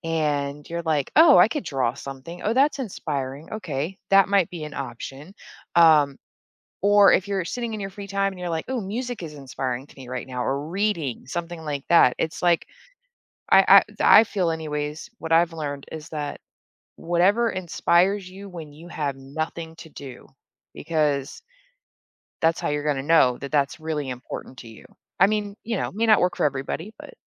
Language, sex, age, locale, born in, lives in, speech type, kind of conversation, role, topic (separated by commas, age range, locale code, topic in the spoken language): English, female, 35-39, en-US, Germany, United States, conversation, podcast, guest, What role do hobbies play in shaping who we are and what we enjoy?